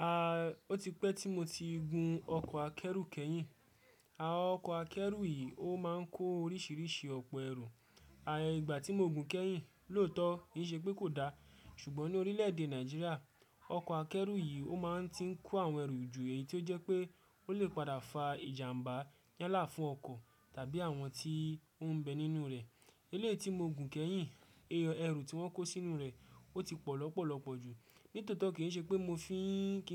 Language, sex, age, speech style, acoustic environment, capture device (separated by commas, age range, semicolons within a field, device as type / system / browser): Yoruba, male, 18-24, focused; happy, silence, desktop / Windows / Chrome